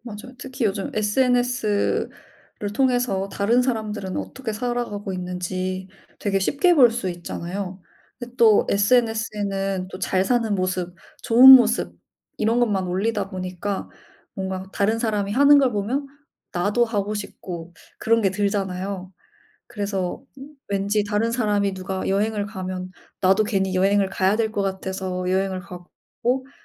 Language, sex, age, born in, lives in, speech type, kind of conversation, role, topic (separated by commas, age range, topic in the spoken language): Korean, female, 25-29, South Korea, Germany, conversation, unstructured, none, 카드 빚을 갚는 일이 왜 이렇게 어려울까요?